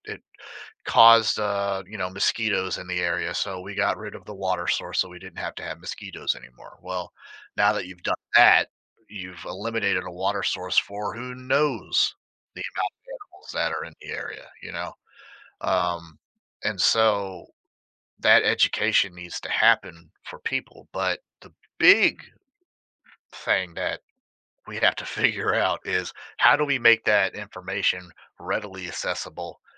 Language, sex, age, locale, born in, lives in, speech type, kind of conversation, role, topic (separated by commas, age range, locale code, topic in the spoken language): English, male, 30-34, en-US, United States, United States, conversation, podcast, guest, Why are forests important to the environment?